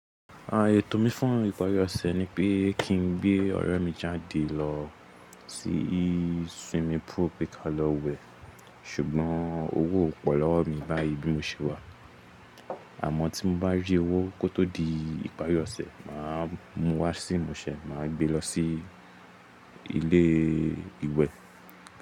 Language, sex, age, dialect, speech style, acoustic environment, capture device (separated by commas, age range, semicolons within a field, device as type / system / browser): Yoruba, male, 18-24, Nigeria - Lagos Yoruba, focused, nature, mobile / Linux / Chrome